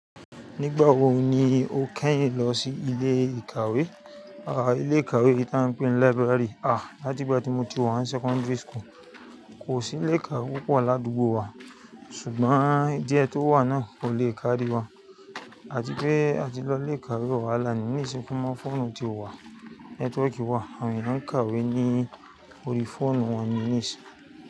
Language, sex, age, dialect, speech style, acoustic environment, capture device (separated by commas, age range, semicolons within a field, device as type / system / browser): Yoruba, male, 35-44, Nigeria - Lagos Yoruba, excited, nature, mobile / Linux / Chrome